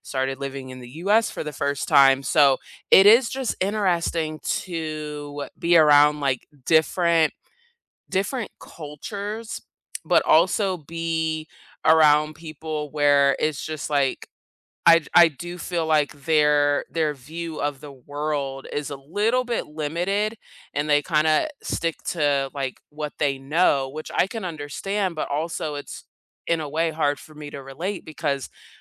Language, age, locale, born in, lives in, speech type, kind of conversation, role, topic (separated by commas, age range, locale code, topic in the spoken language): English, 30-34, en-US, South Korea, United States, conversation, unstructured, none, What school or learning project are you most proud of, and why did it matter to you?